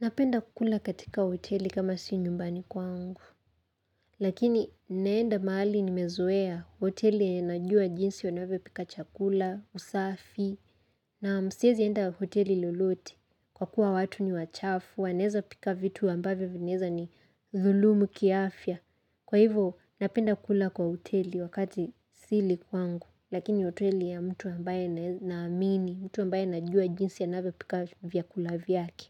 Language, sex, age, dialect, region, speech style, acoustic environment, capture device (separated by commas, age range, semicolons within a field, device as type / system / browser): Swahili, female, 18-24, Kenya - Nairobi Sheng-influenced, Kenya, happy; excited; relaxed, silence, mobile / Linux / Chrome